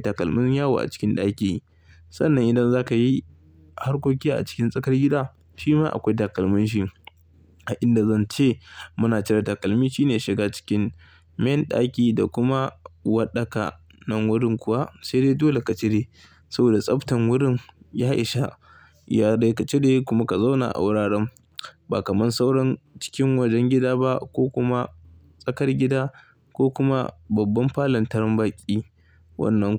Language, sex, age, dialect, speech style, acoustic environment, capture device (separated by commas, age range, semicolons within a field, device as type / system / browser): Hausa, male, 25-34, Nigeria - Kano, happy, silence, mobile / Linux / Chrome